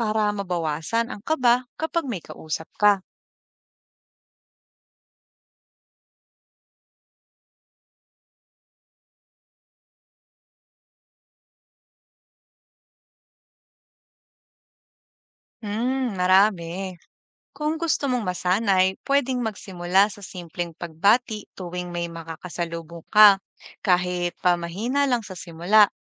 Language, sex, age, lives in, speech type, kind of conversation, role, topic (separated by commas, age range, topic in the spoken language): Filipino, female, 25-29, Philippines, read, expert-assistant, expert, Paano nakakaapekto ang pagiging mahiyain sa pakikisalamuha sa iba?